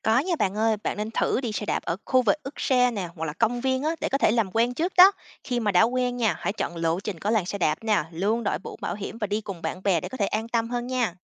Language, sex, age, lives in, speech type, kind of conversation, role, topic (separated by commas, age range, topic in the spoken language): Vietnamese, female, 30-34, United States, read, expert-assistant, expert, Khuyến khích đi xe đạp thay vì xe máy ở thành phố: Được và mất về mặt sức khỏe cộng đồng?